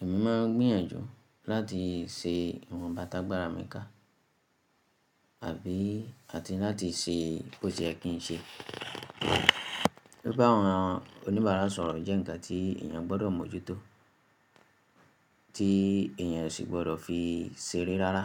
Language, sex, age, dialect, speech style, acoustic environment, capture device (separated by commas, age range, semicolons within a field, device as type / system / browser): Yoruba, female, 25-34, Nigeria - Ibadan, relaxed, silence, mobile / Linux / Chrome